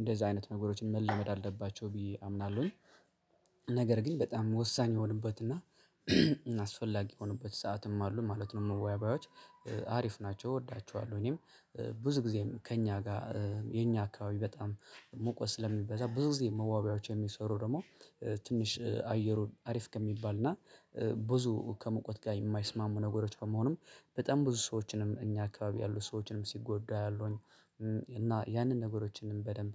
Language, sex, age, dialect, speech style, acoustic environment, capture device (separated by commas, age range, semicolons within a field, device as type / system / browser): Amharic, male, 25-34, Ethiopia - Addis Ababa, relaxed; excited, silence; nature, mobile / Linux / Chrome